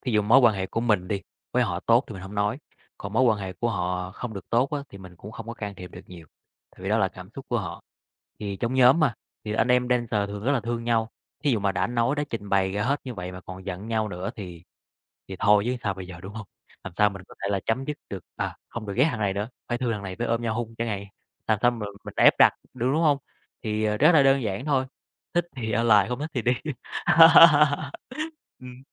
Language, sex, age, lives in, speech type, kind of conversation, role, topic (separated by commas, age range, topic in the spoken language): Vietnamese, male, 30-34, Vietnam, conversation, podcast, guest, Bạn xử lý mâu thuẫn trong nhóm sáng tạo như thế nào?